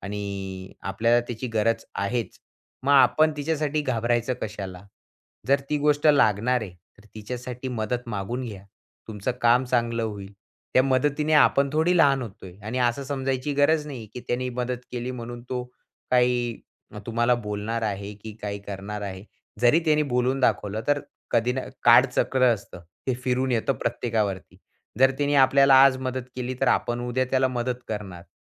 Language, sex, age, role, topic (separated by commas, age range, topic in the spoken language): Marathi, male, 20-24, guest, मदत कधी मागावी हे कसे ओळखता?